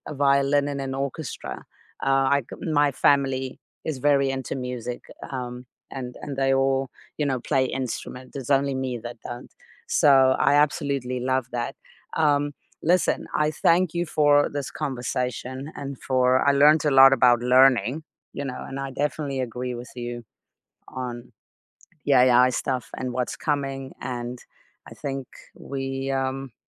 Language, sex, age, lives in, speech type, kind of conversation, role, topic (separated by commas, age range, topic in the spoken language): English, female, 35-39, United States, conversation, unstructured, none, What sparks your next learning adventure, and how do you decide where to dive in?